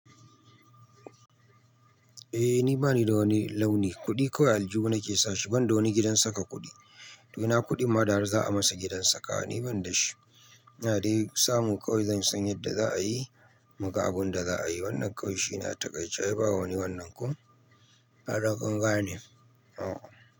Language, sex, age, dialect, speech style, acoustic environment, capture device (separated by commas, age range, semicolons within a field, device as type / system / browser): Hausa, male, 25-34, Nigeria - Nigerian Standard, anxious, people talking, mobile / Linux / Chrome